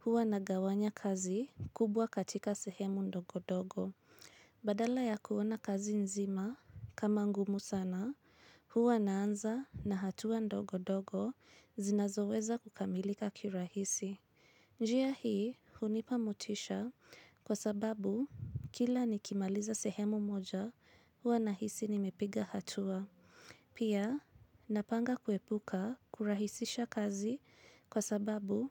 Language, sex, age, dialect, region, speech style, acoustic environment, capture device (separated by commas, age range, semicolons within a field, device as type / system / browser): Swahili, female, 25-34, Kenya - Nairobi Sheng-influenced, Kenya, anxious, silence, mobile / Linux / Chrome